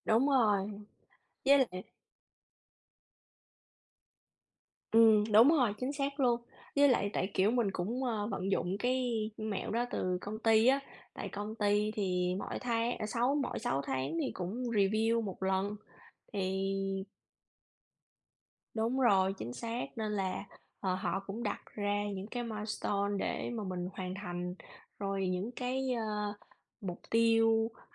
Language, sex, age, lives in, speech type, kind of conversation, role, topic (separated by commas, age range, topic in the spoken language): Vietnamese, female, 35-39, United States, conversation, unstructured, none, Bạn sẽ chọn làm công việc mình yêu thích nhưng lương thấp hay làm công việc lương cao nhưng không có đam mê?